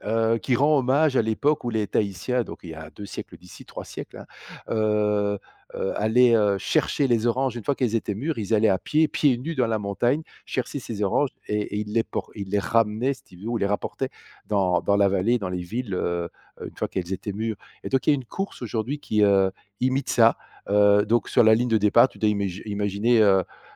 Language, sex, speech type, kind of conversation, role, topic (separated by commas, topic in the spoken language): French, male, conversation, unstructured, none, As-tu déjà planté un arbre, et comment ça s’est passé ?